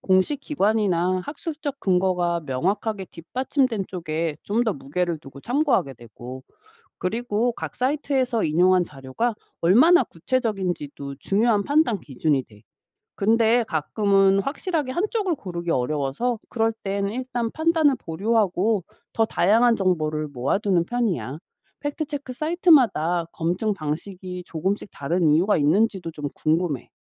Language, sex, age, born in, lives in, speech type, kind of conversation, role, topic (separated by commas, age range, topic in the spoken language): Korean, female, 45-49, South Korea, United States, read, expert-assistant, asker, 디지털 미디어 시대에 우리는 진짜와 가짜를 어떻게 구분할 수 있을까요?